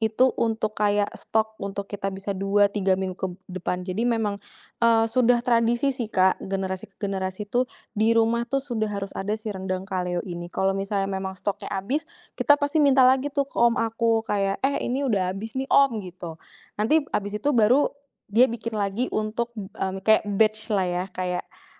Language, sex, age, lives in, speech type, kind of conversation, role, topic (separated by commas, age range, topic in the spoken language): Indonesian, female, 30-34, Indonesia, conversation, podcast, guest, Apa makanan keluarga yang selalu dibawa dari generasi ke generasi?